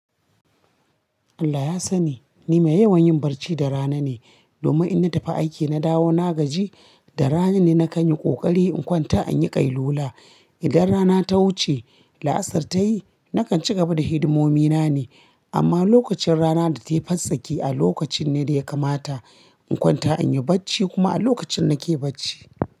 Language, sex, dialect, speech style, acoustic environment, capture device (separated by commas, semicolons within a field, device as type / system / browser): Hausa, female, Nigeria - Kano, relaxed; focused, appliances; silence; nature, mobile / Linux / Chrome